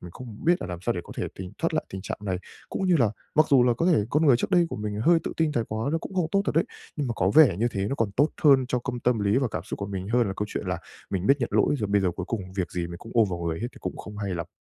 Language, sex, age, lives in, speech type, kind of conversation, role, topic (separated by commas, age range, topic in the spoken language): Vietnamese, male, 20-24, Japan, conversation, advice, user, Làm sao để bạn bớt tự chỉ trích bản thân?